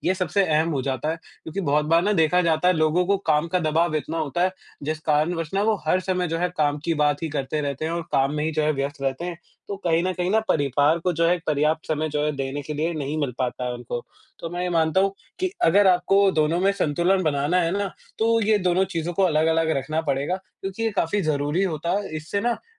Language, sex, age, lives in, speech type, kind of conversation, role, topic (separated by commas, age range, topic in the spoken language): Hindi, male, 20-24, India, conversation, unstructured, none, क्या आप मानते हैं कि आजकल लोग अपने परिवार के साथ कम समय बिताते हैं?